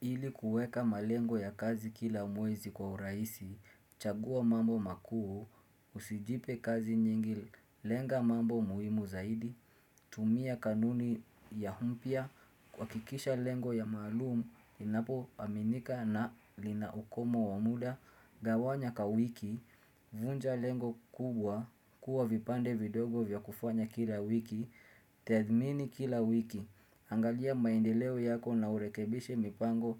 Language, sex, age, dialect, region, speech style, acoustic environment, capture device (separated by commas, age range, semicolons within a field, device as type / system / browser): Swahili, male, 25-34, Kenya - Mombasa, Kenya, focused, silence, desktop / Windows / Chrome